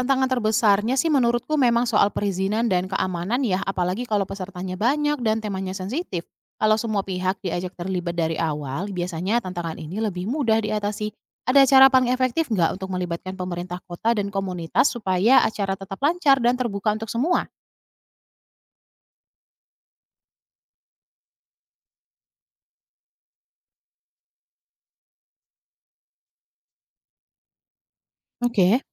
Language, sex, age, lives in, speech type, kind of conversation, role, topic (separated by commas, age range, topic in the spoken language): Indonesian, female, 30-34, Indonesia, read, expert-assistant, asker, Kalau kita bisa menciptakan cara baru agar orang lebih tertarik ikut pemilu, ide kreatif apa yang bisa dicoba?